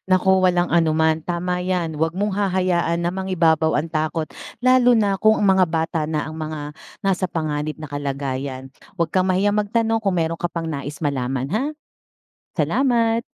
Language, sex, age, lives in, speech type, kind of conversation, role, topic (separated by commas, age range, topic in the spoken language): Filipino, female, 40-44, Philippines, read, expert-assistant, expert, Paano nagkakaroon ng child labor sa mga komunidad?